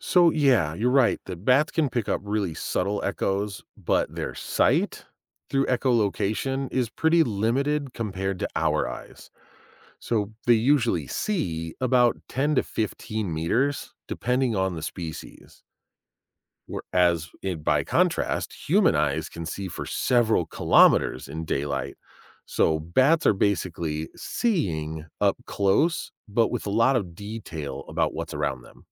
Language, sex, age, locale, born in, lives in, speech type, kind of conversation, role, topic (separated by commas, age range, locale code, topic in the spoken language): English, male, 40-44, en-US, United States, United States, read, expert-assistant, expert, How do bats use echolocation to navigate?